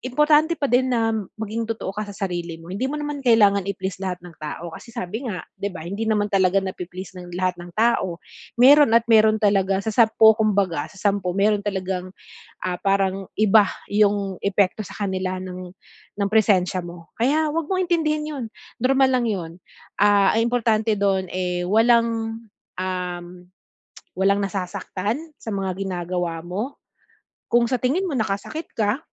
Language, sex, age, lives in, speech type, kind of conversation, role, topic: Filipino, female, 40-44, Philippines, conversation, advice, advisor, Paano ko malalaman kung kailan dapat akong magpakatotoo?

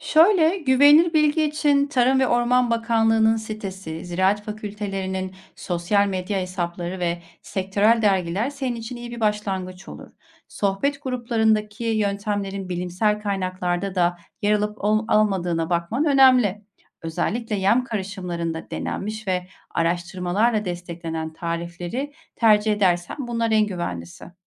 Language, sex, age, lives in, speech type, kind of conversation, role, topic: Turkish, female, 40-44, Germany, read, expert-assistant, expert, Hayvancılıkla ilgili pratik bilgileri ve güncel gelişmeleri nereden takip edebilirim?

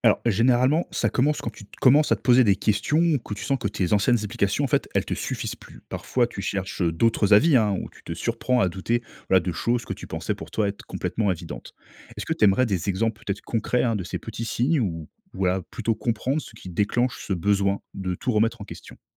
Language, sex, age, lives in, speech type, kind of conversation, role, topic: French, male, 30-34, France, read, expert-assistant, expert, Pourquoi certaines personnes voient-elles la même histoire religieuse de façons si différentes ?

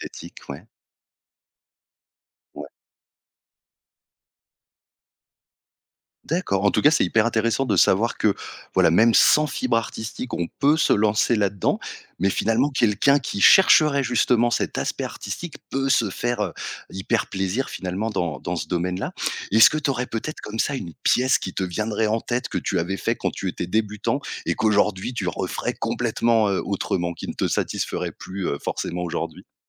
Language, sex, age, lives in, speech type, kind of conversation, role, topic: French, male, 30-34, France, conversation, podcast, host, Quels conseils donnerais-tu à un débutant ?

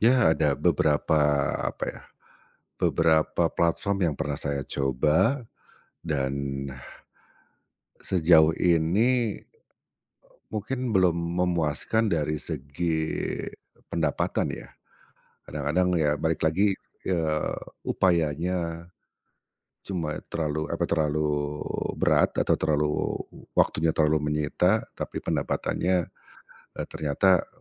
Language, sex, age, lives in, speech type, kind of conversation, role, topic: Indonesian, male, 45-49, Indonesia, conversation, advice, user, Bagaimana cara saya mengganti karier agar bisa menjalani hidup yang lebih seimbang?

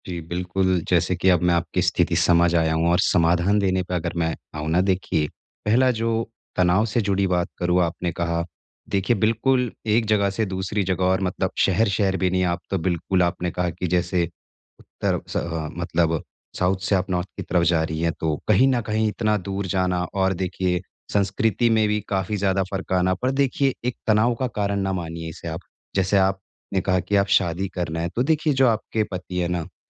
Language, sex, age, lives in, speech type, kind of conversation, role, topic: Hindi, male, 25-29, India, conversation, advice, advisor, मैं ट्रेनिंग में लगातार प्रदर्शन की कमी को कैसे दूर करूँ?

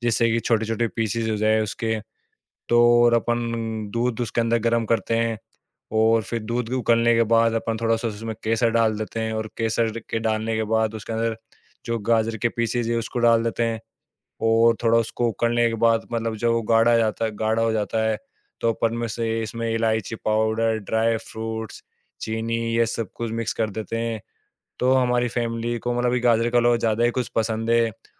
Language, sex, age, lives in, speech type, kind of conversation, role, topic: Hindi, male, 18-19, India, conversation, podcast, guest, Aapki kaunsi chhoti si recipe hai jo gharwalon ko hamesha khush kar deti hai?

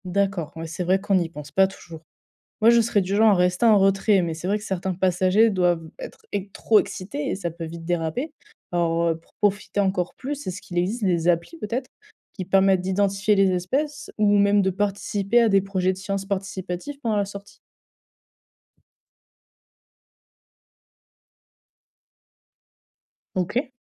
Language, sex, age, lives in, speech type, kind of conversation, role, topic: French, female, 20-24, France, read, expert-assistant, asker, Comment organiser une escapade pour observer les dauphins ou les baleines sans perturber leur milieu naturel ?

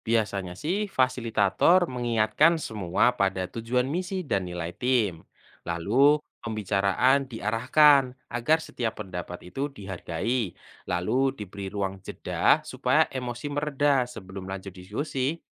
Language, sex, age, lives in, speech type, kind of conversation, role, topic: Indonesian, male, 25-29, Indonesia, read, expert-assistant, expert, Apa pelajaran tentang kerja tim yang bisa kita tiru dari para kru di misi luar angkasa?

